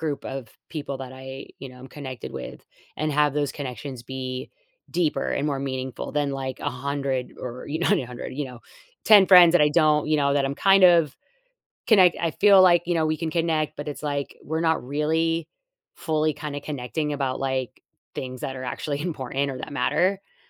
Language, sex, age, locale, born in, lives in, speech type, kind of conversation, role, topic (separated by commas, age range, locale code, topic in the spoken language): English, female, 40-44, en-US, United States, United States, conversation, advice, user, How can I make new friends?